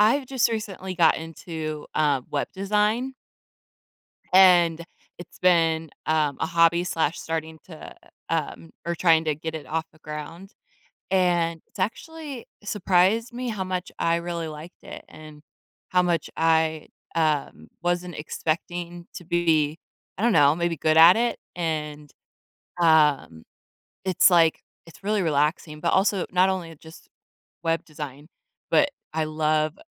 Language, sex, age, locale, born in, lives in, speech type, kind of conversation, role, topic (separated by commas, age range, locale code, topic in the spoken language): English, female, 30-34, en-US, United States, United States, conversation, unstructured, none, How has trying a new hobby changed your perspective or interests?